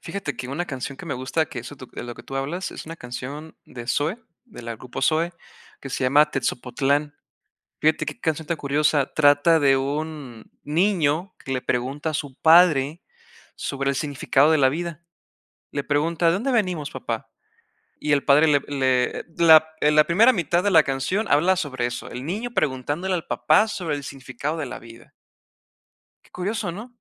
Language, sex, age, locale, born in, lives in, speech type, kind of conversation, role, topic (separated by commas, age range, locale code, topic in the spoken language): Spanish, male, 20-24, es-MX, Mexico, Mexico, conversation, unstructured, none, ¿Qué tipo de música te hace sentir mejor en un día difícil?